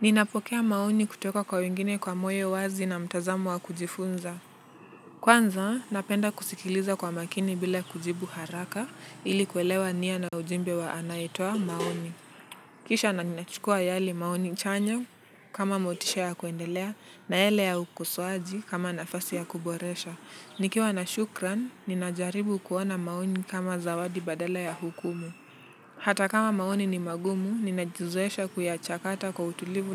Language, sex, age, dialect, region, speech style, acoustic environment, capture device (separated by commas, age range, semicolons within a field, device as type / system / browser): Swahili, male, 18-24, Kenya - Nairobi Sheng-influenced, Kenya, relaxed, nature; traffic, mobile / Linux / Chrome